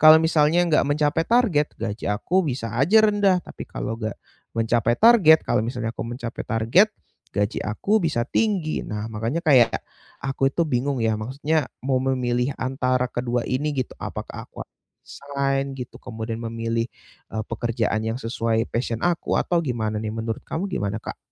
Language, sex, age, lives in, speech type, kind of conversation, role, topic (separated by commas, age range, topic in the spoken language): Indonesian, male, 20-24, Indonesia, conversation, advice, user, Bagaimana saya memilih antara gaji yang stabil dan pekerjaan yang bermakna?